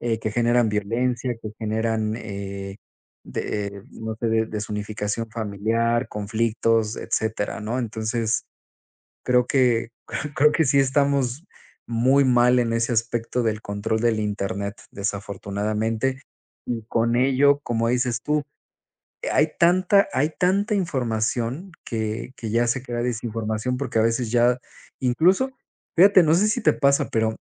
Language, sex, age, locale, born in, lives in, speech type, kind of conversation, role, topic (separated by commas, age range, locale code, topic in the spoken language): Spanish, male, 35-39, es-MX, Mexico, Spain, conversation, unstructured, none, ¿Cómo crees que debería manejarse la desinformación en internet?